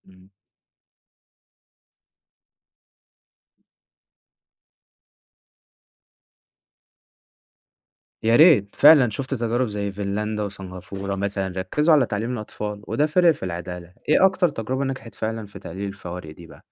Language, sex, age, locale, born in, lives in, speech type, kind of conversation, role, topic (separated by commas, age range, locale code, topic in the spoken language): Arabic, male, 20-24, ar-EG, Egypt, Egypt, read, expert-assistant, asker, لو حبينا نشرح عدم المساواة الاجتماعية في مصر كأنها سباق جري، إيه الأنالوجي أو التشبيه اللي ممكن يوضح الفكرة دي؟